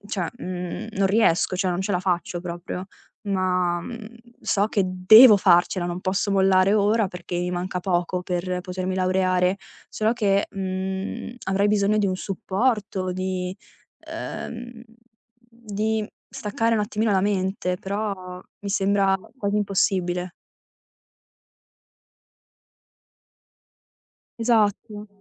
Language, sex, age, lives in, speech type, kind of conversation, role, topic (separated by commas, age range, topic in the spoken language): Italian, female, 20-24, Italy, conversation, advice, user, In che modo il sovraccarico e il rischio di burnout stanno bloccando i tuoi progressi?